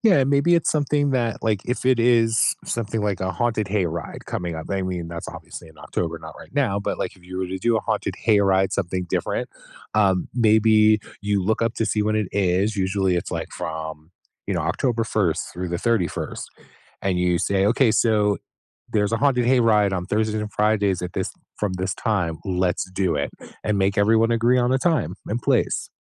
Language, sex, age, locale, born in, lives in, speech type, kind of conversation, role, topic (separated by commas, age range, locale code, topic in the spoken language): English, male, 50-54, en-US, United States, United States, conversation, advice, advisor, How can I make my daily life more joyful?